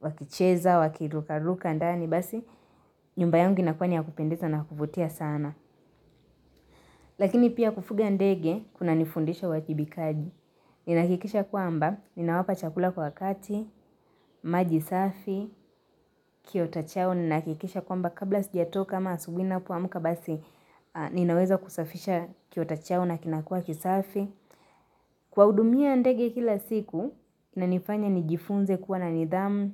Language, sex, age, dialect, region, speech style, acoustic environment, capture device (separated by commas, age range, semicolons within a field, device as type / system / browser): Swahili, female, 18-24, Kenya - Nairobi Sheng-influenced, Kenya, happy; relaxed; excited; focused, nature; silence, mobile / Linux / Chrome